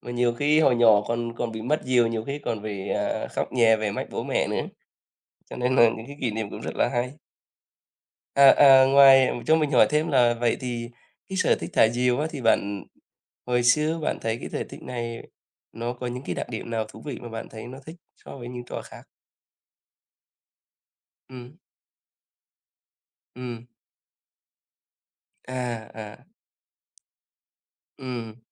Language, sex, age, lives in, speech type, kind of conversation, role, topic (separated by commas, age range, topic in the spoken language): Vietnamese, male, 35-39, Vietnam, conversation, unstructured, none, Bạn có sở thích nào khiến bạn cảm thấy vui vẻ không?